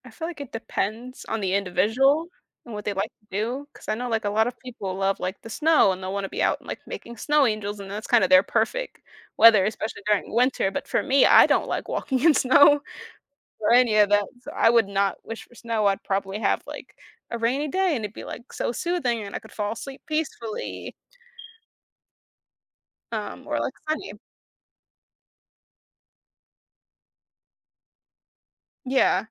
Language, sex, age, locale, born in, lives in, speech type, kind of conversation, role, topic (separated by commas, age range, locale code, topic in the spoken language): English, female, 20-24, en-US, United States, United States, conversation, unstructured, none, Would you rather always have perfect weather or always have perfect health?